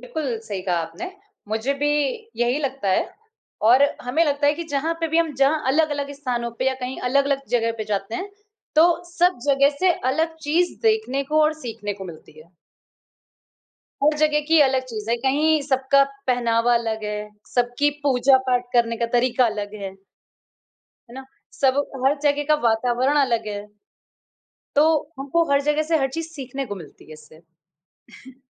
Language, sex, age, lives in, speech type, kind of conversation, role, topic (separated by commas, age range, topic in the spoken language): Hindi, female, 35-39, India, conversation, unstructured, none, आपके हिसाब से यात्रा करने से खुशियाँ कैसे मिलती हैं?